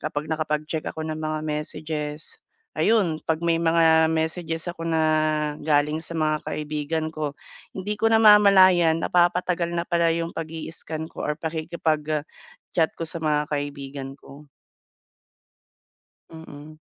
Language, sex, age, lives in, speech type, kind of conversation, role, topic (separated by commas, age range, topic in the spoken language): Filipino, female, 30-34, Philippines, conversation, advice, user, Paano ko maiiwasan ang mga madalas na distraksyon habang nagpo-focus ako?